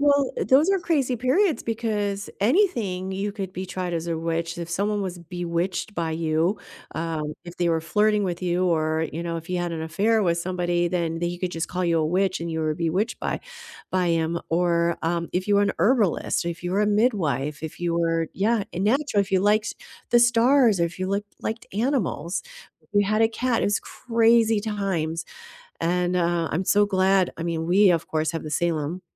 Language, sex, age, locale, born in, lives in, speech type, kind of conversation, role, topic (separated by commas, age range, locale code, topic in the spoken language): English, female, 55-59, en-US, United States, United States, conversation, unstructured, none, What childhood field trip blew your mind, and what made it unforgettable for you?